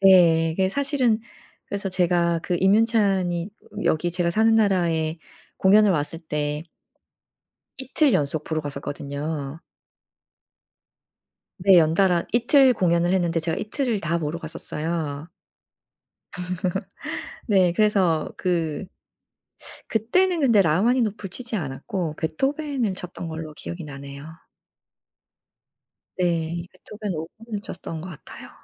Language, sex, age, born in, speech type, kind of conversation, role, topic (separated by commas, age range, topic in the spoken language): Korean, female, 45-49, South Korea, conversation, podcast, guest, 영화나 드라마에서 특히 인상 깊게 남은 OST가 있나요?